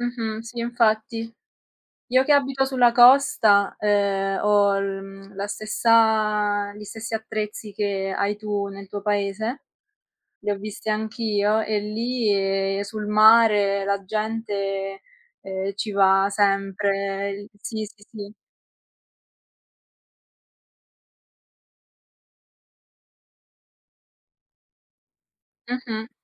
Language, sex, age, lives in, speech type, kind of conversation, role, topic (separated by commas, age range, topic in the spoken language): Italian, female, 20-24, Italy, conversation, unstructured, none, È giusto che le palestre siano così costose?